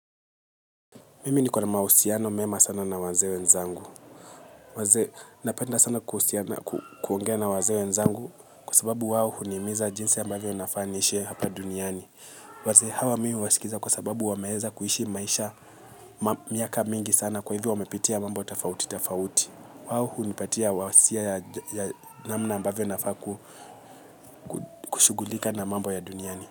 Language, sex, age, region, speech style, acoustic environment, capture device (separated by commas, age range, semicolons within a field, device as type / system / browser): Swahili, male, 25-34, Kenya, relaxed, silence, mobile / Linux / Chrome